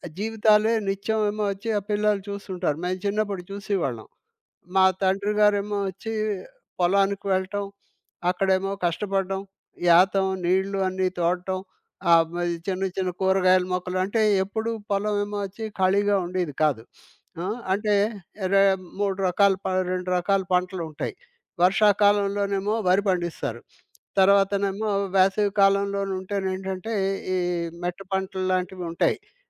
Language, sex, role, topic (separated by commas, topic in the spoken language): Telugu, male, guest, మీ కుటుంబ కథలను పిల్లలకు ఎలా తెలియజేస్తారు?